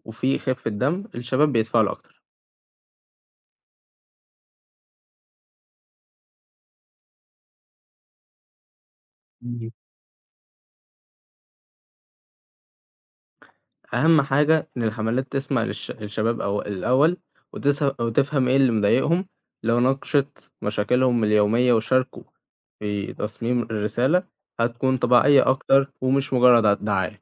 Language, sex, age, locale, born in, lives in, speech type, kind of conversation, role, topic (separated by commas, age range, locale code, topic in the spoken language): Arabic, male, 18-19, ar-EG, Egypt, Egypt, read, expert-assistant, expert, ليه الناس ساعات بتصوت في الانتخابات و ساعات لأ؟ إيه الأسباب اللي بتخلي ناس تشارك سياسياً و ناس تانية تفضل تبعد؟